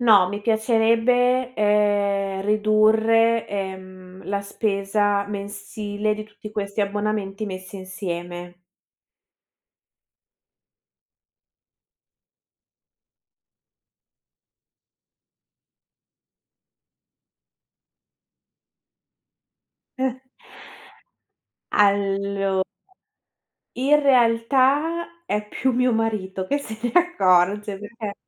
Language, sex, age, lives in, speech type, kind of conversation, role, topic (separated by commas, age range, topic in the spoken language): Italian, female, 30-34, Italy, conversation, advice, user, Come posso evitare di dimenticare gli abbonamenti mensili e impedire che prosciughino il mio budget?